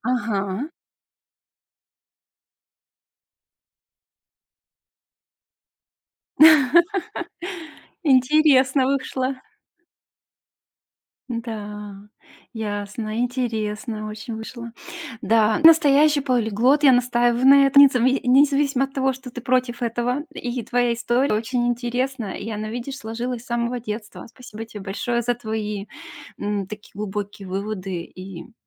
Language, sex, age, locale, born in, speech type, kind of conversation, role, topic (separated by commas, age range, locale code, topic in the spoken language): Russian, female, 40-44, ru-RU, Russia, conversation, podcast, host, Как язык, на котором вы говорите дома, повлиял на вас?